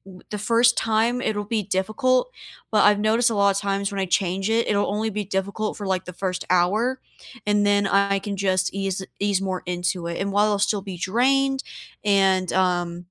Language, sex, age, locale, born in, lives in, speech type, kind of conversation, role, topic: English, female, 20-24, en-US, United States, United States, conversation, unstructured, none, What habit could you redesign so your days feel lighter and still move you toward what you want?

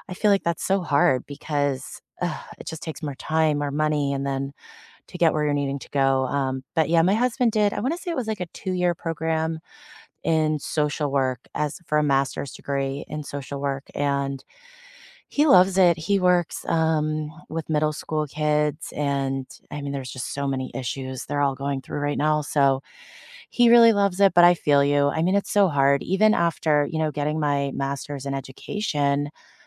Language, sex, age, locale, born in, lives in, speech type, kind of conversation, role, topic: English, female, 35-39, en-US, United States, United States, conversation, unstructured, none, What unexpected career detours opened doors for you, and what did you learn along the way?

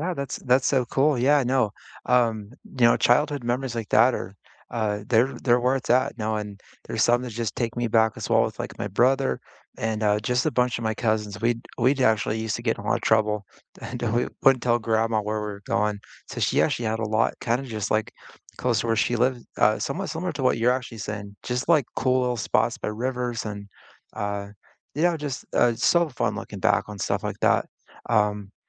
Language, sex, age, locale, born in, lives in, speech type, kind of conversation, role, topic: English, male, 40-44, en-US, United States, United States, conversation, unstructured, none, Which nature spots make you feel calm and connected, and what personal stories bring them alive?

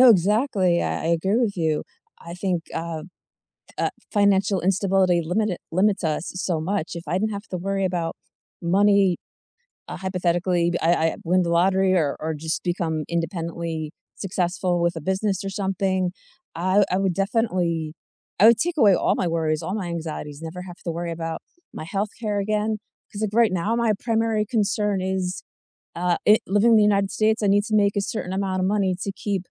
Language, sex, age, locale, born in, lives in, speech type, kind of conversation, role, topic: English, female, 40-44, en-US, United States, United States, conversation, unstructured, none, What does financial freedom mean to you?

